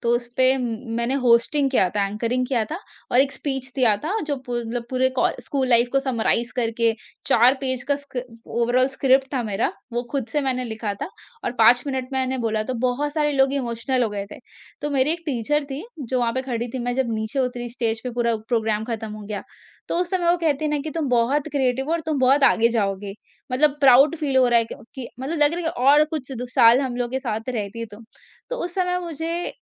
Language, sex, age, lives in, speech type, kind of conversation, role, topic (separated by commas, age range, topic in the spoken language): Hindi, female, 45-49, India, conversation, podcast, guest, Tumhe kab aur kaise pata chala ki tum ek rachnatmak vyakti ho?